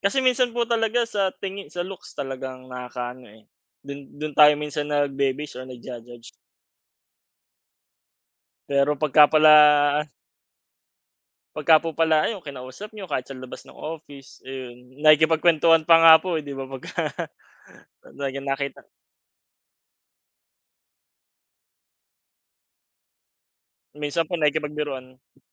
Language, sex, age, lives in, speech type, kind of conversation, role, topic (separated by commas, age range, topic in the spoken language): Filipino, male, 25-29, Philippines, conversation, unstructured, none, Ano ang pinakamahalagang katangian ng isang mahusay na boss?